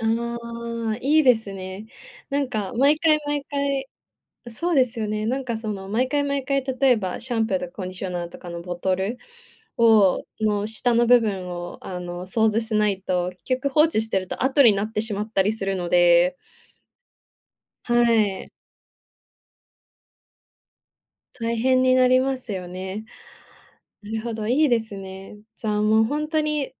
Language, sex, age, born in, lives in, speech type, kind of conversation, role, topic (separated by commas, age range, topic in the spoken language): Japanese, female, 20-24, Japan, Japan, conversation, podcast, host, あなたの人生を豊かにしてくれた小さな習慣は何ですか？